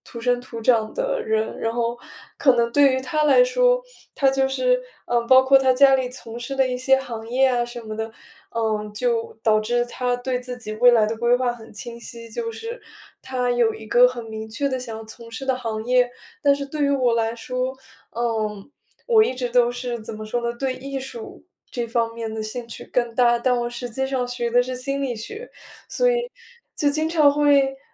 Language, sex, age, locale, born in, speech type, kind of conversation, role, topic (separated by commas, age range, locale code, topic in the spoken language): Chinese, female, 20-24, zh-CN, China, conversation, advice, user, 我怎样才能抵抗诱惑，避免短期满足并坚持长期目标？